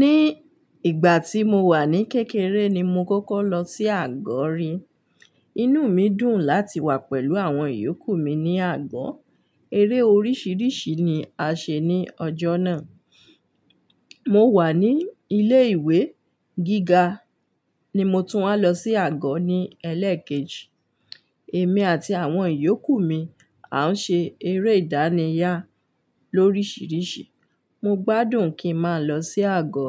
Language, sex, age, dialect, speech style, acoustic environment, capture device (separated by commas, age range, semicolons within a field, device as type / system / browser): Yoruba, female, 35-44, Nigeria - Ibadan, relaxed, nature, mobile / Linux / Chrome